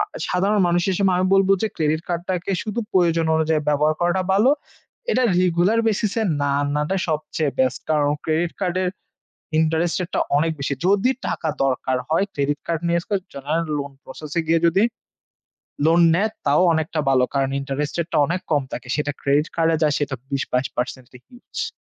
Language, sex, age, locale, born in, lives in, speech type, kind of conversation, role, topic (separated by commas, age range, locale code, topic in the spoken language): Bengali, male, 25-29, bn-BD, Bangladesh, Finland, conversation, unstructured, none, ক্রেডিট কার্ড ব্যবহার করলে কি সব সময়ই সুবিধা হয়?